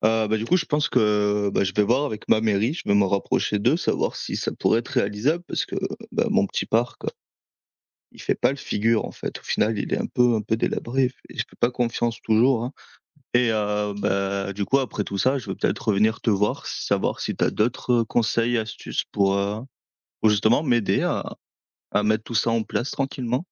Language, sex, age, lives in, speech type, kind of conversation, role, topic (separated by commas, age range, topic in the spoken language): French, male, 25-29, France, read, expert-assistant, asker, Comment pourrait-on rendre les activités de plein air plus accessibles à tous, même en ville ?